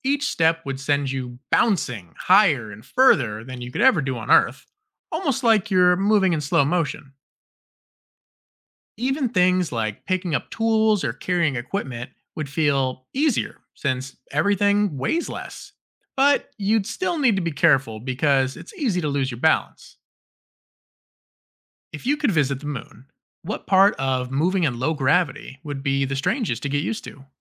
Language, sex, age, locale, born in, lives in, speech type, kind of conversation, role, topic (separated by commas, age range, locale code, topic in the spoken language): English, male, 30-34, en-US, United States, United States, read, expert-assistant, expert, What is gravity and how does it affect us?